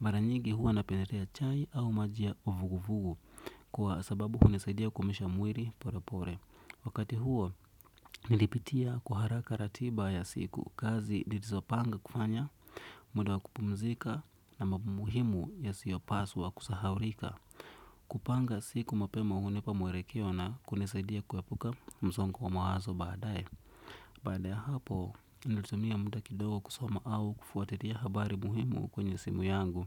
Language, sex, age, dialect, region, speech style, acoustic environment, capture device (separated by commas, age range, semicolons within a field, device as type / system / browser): Swahili, male, 25-34, Kenya - Nairobi Sheng-influenced, Kenya, relaxed; focused, silence, desktop / Windows / Edge